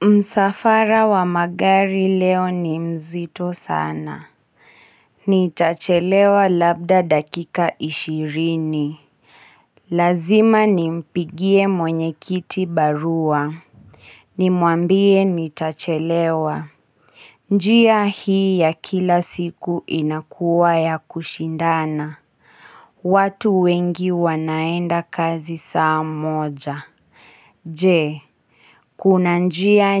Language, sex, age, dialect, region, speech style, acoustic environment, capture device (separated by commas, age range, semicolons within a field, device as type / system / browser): Swahili, female, 25-34, Kenya - Kiambu, Kenya, anxious, silence, mobile / Linux / Chrome